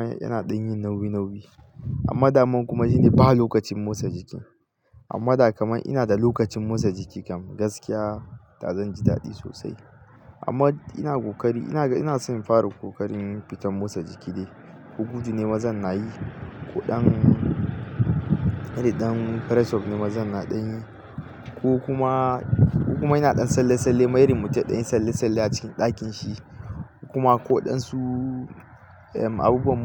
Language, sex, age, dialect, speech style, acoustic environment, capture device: Hausa, male, 25-34, Nigeria - Katsina, happy, nature, mobile / Linux / Chrome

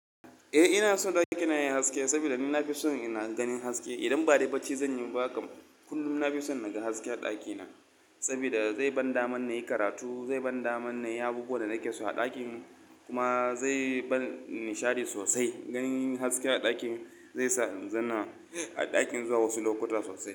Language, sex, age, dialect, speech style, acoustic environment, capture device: Hausa, male, 25-34, Nigeria - Kano, relaxed, silence, mobile / Linux / Chrome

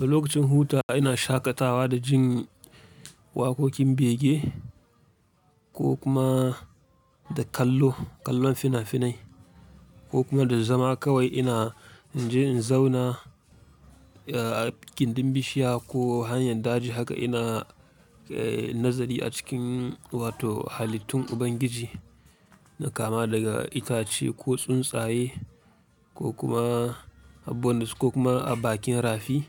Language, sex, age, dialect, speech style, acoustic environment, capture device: Hausa, male, 25-34, Nigeria - Kano, relaxed, silence, mobile / Linux / Chrome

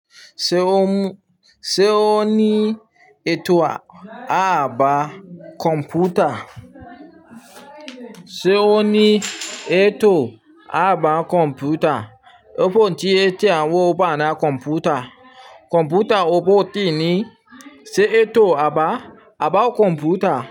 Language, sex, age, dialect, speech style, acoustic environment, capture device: Yoruba, male, 25-34, Nigeria - Nigerian Standard, happy, people talking; silence; nature, mobile / Linux / Chrome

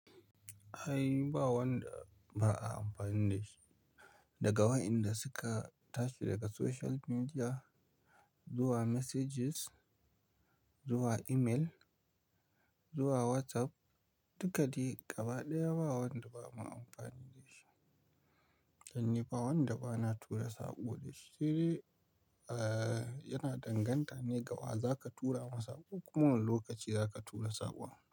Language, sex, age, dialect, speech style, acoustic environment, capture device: Hausa, male, 25-34, Nigeria - Pidgin-influenced, relaxed; tired; stressed; focused; nervous; anxious, nature, mobile / Linux / Chrome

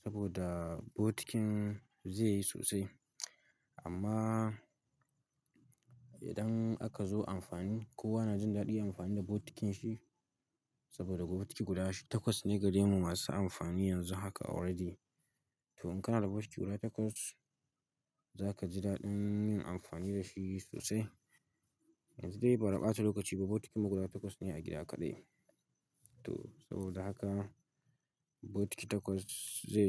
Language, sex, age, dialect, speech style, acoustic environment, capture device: Hausa, male, 18-24, Nigeria - Katsina, happy, silence, mobile / Linux / Chrome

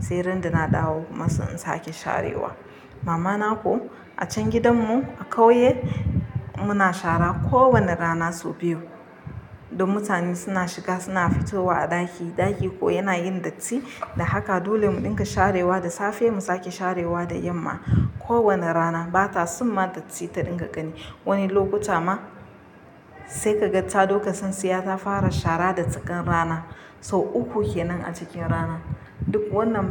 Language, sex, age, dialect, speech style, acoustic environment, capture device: Hausa, female, 25-34, Nigeria - Nigerian Standard, relaxed, silence, mobile / Linux / Chrome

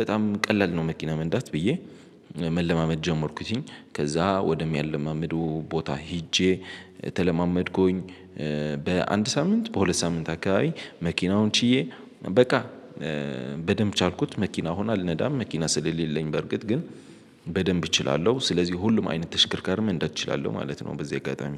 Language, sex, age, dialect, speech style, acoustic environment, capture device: Amharic, male, 18-24, Ethiopia - Addis Ababa, excited, people talking, mobile / Linux / Chrome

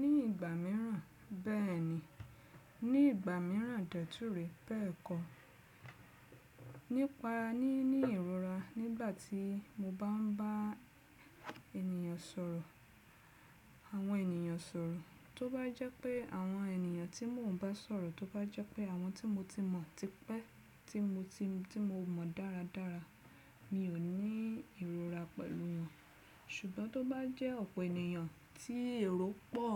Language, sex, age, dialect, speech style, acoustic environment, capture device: Yoruba, female, 35-44, Nigeria - Lagos Yoruba, happy; relaxed; excited; focused, nature; silence, desktop / Windows / Chrome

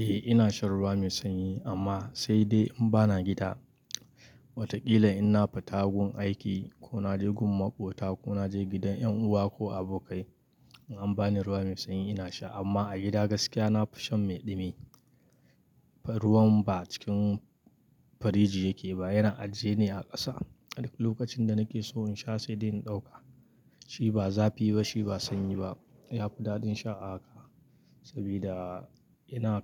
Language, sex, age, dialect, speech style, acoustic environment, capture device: Hausa, male, 25-34, Nigeria - Kano, happy; excited; focused, nature, mobile / Linux / Chrome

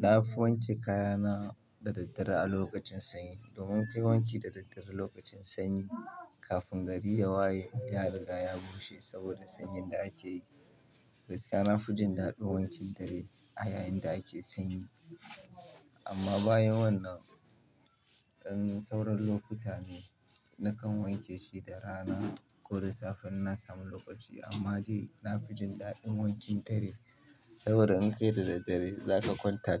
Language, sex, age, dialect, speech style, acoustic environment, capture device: Hausa, male, 25-34, Nigeria - Kano, happy, people talking, mobile / Linux / Chrome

